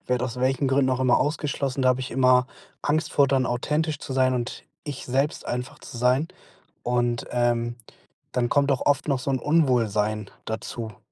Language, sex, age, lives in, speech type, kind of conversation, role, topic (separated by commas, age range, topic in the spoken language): German, male, 25-29, Germany, conversation, advice, user, Wie finde ich den Mut, authentisch zu handeln?